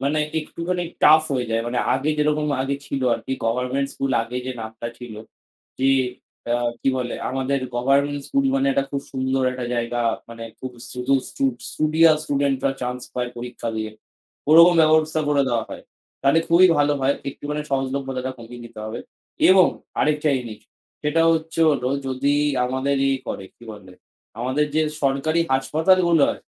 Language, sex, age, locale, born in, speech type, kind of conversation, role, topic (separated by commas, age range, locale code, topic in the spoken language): Bengali, male, 18-19, bn-IN, India, conversation, unstructured, none, সরকারি পরিষেবা আরও সহজলভ্য হলে জনগণ কেমন অনুভব করবে?